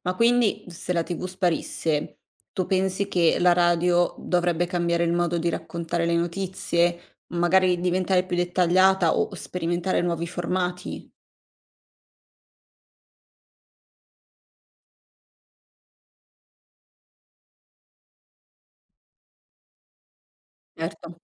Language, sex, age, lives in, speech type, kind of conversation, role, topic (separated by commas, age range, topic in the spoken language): Italian, female, 60-64, Italy, read, expert-assistant, asker, Se la TV sparisse domani, come pensi che le trasmissioni radio cambierebbero il modo in cui ci informiamo?